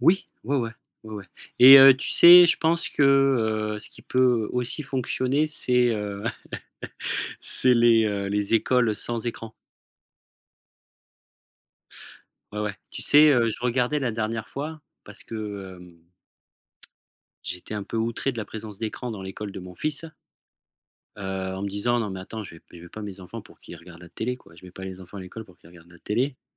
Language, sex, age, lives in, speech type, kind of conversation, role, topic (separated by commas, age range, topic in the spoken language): French, male, 40-44, France, conversation, podcast, guest, Comment l’école pourrait-elle mieux préparer les élèves à la vie réelle ?